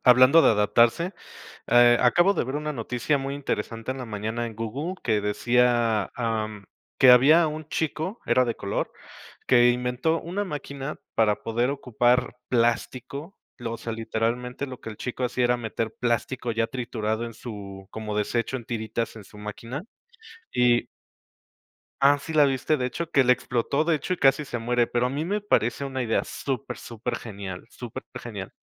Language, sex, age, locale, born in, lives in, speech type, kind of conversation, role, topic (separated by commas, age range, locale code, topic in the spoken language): Spanish, male, 35-39, es-MX, Mexico, Mexico, conversation, unstructured, none, ¿Has pensado alguna vez qué pasaría si desaparecieran los bosques?